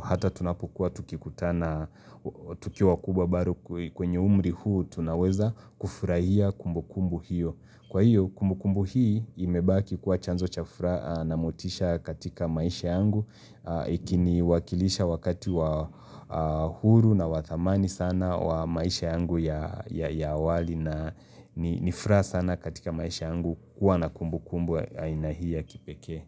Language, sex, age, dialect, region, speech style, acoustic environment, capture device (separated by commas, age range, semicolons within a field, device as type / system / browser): Swahili, male, 25-34, Kenya - Mombasa, Kenya, relaxed, silence, mobile / Linux / Chrome